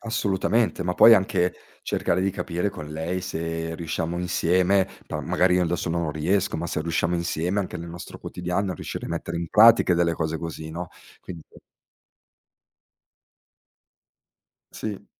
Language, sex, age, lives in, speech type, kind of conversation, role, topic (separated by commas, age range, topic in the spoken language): Italian, male, 40-44, Italy, conversation, podcast, guest, Che cosa ti fa sentire davvero a casa?